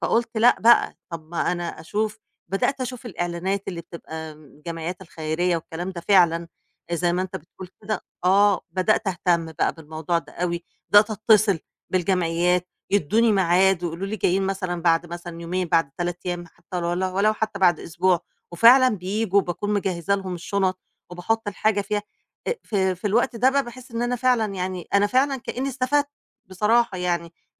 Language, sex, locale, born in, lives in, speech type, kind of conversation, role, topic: Arabic, female, ar-EG, Egypt, Egypt, conversation, podcast, guest, إزاي بتقرر تحتفظ بإيه وإيه ترميه؟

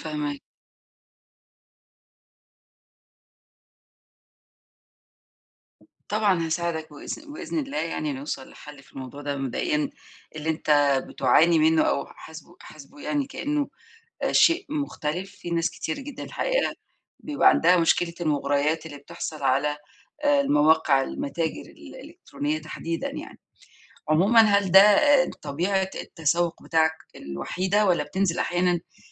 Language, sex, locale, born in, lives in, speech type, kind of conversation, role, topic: Arabic, female, ar-EG, Egypt, Egypt, conversation, advice, advisor, إزاي أتسوّق من غير ما أتوه أو أحسّ بلخبطة؟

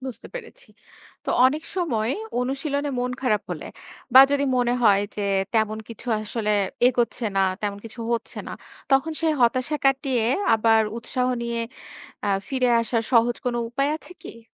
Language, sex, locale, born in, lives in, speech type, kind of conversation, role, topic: Bengali, female, bn-BD, Bangladesh, Bangladesh, read, expert-assistant, asker, শূন্য থেকে আঁকা শেখার জন্য কোন কোন মৌলিক বিষয় আগে জানা জরুরি?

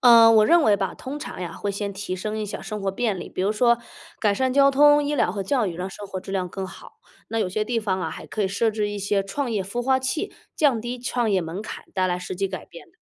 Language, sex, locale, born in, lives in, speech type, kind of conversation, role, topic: Chinese, female, zh-CN, China, United States, read, expert-assistant, expert, 小城市怎样才能吸引年轻人留下来生活和工作？有没有什么有效的方法？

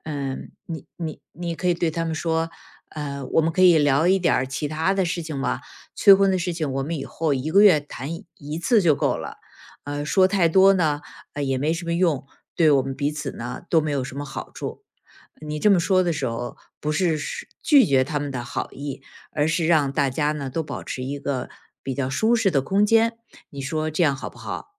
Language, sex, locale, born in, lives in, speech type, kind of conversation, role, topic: Chinese, female, zh-CN, China, United States, conversation, advice, advisor, 你如何应对家人不断催婚带来的压力？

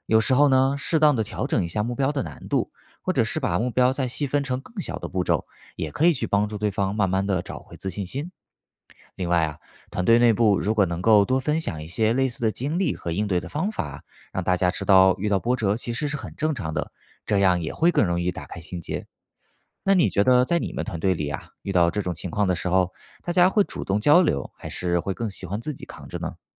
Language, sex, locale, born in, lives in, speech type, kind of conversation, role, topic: Chinese, male, zh-CN, China, United States, read, expert-assistant, expert, 太空探索的团队精神如何帮助我们改进日常工作？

